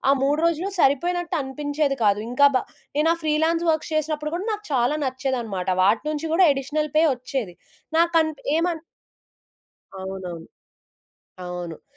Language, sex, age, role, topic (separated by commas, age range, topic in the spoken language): Telugu, female, 20-24, guest, మీకు ఆసక్తి మరియు జీతం మధ్య సమతుల్యత ఎంత ముఖ్యమైనది?